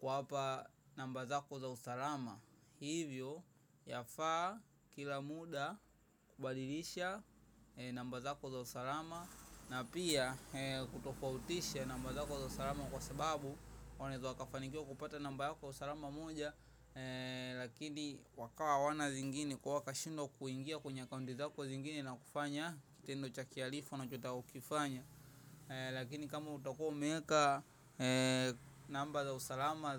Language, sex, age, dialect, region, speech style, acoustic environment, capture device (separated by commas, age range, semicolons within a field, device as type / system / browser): Swahili, male, 18-24, Tanzania - Dar es Salaam, Tanzania, focused; excited, traffic; nature; people talking; music, mobile / macOS / Safari